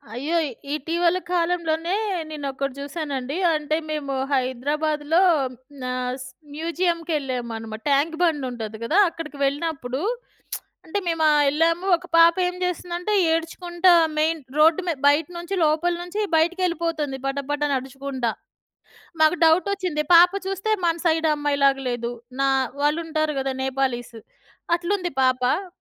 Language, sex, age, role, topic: Telugu, female, 40-44, guest, తల్లి లేదా తండ్రి అయిన తర్వాత మీలో వచ్చిన ముఖ్యమైన మార్పులు ఏమిటి?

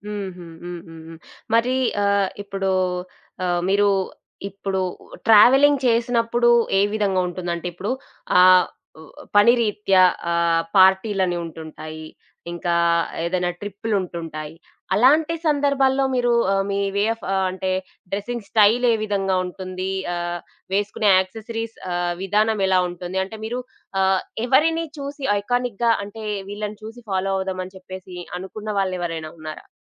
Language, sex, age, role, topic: Telugu, female, 25-29, host, నీ సొంత శైలిని ఎలా కాపాడుకుంటావు?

